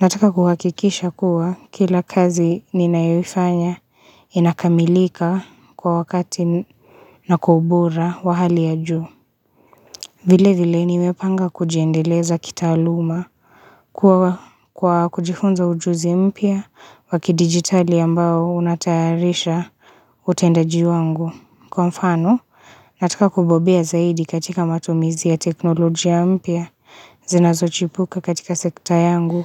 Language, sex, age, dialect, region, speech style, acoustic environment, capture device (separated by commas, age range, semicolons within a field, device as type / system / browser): Swahili, female, 25-34, Kenya - Nairobi Sheng-influenced, Kenya, tired; relaxed, silence; nature, mobile / Linux / Chrome